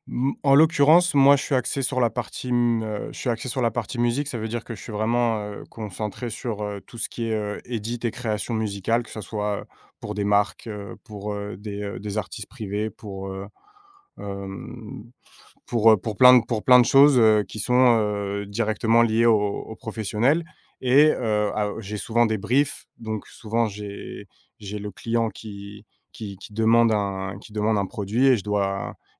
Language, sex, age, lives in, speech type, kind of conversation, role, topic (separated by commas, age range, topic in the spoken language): French, male, 30-34, France, conversation, podcast, guest, Quel est ton rituel avant de commencer une session créative ?